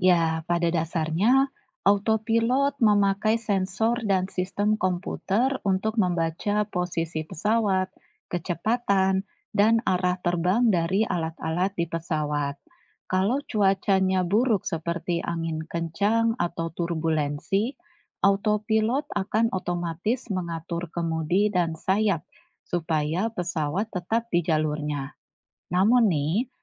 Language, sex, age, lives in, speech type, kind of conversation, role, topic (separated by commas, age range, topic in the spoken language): Indonesian, female, 40-44, Indonesia, read, expert-assistant, expert, Bagaimana pesawat bisa terbang di udara?